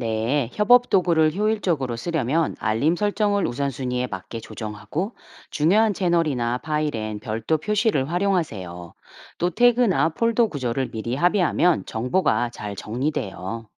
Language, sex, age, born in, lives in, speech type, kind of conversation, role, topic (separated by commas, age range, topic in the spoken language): Korean, female, 45-49, South Korea, United States, read, expert-assistant, expert, 회사에서 일의 우선순위를 정할 때, 어떻게 하면 더 현명한 결정을 내릴 수 있을까요?